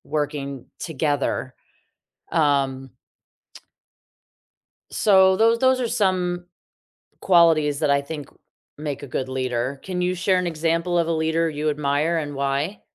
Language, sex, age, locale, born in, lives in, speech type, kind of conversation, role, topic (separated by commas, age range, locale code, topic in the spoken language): English, female, 40-44, en-US, United States, United States, conversation, unstructured, none, What do you think makes a good leader?